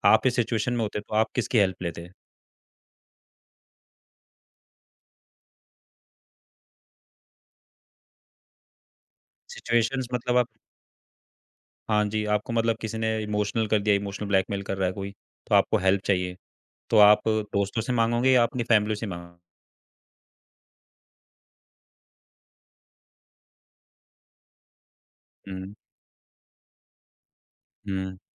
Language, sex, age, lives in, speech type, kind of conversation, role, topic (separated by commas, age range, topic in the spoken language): Hindi, male, 25-29, India, conversation, podcast, host, Kya tum kabhi madad maangte ho, aur kaise maangte ho?